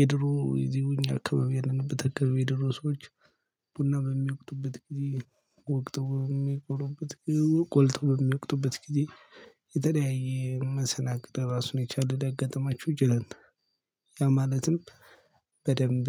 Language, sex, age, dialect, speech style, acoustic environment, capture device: Amharic, male, 25-34, Ethiopia - Gojjam, happy; focused; relaxed, nature; silence, mobile / Linux / Chrome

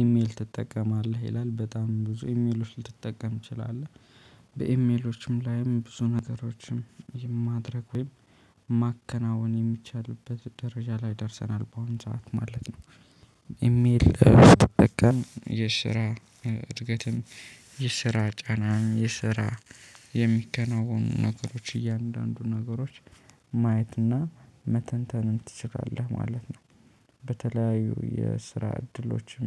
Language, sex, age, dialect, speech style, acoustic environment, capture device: Amharic, male, 25-34, Ethiopia - Addis Ababa, happy; focused, people talking, mobile / Linux / Chrome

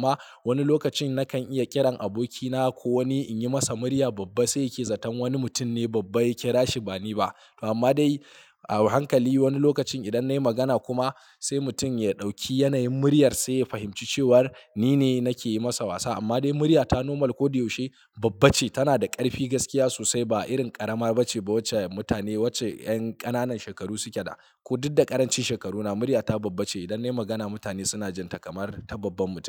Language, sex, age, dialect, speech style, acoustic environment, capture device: Hausa, male, 25-34, Nigeria - Kano, relaxed; focused, silence, mobile / Linux / Chrome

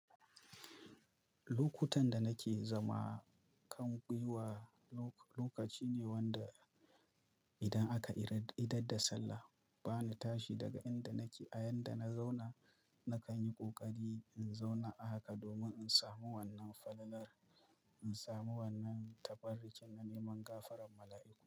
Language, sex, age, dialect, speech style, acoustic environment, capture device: Hausa, male, 25-34, Nigeria - Kano, happy, silence, mobile / Linux / Chrome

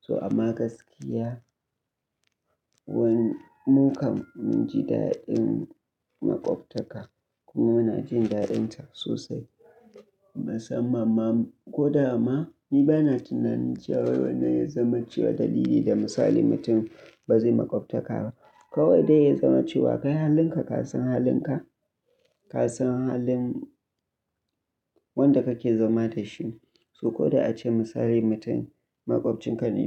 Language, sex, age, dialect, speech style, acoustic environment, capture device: Hausa, male, 25-34, Nigeria - Kano, happy, nature, mobile / Linux / Chrome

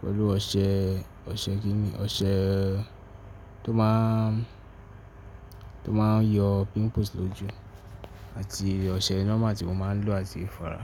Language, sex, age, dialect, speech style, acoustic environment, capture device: Yoruba, male, 18-24, Nigeria - Ibadan, focused, nature, mobile / Linux / Chrome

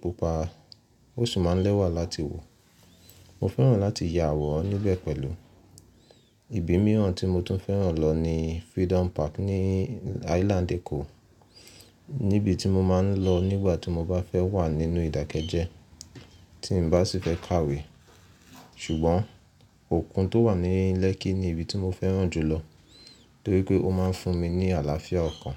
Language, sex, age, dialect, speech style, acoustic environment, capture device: Yoruba, male, 25-34, Nigeria - Nigerian Standard, happy; relaxed, silence, mobile / Linux / Chrome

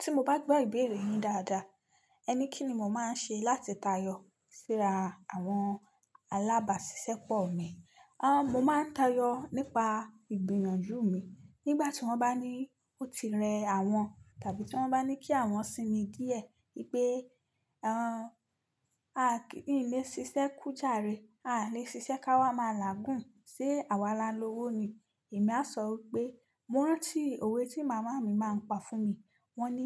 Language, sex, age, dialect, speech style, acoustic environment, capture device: Yoruba, female, 25-34, Nigeria - Nigerian Standard, relaxed; focused, silence, mobile / Linux / Chrome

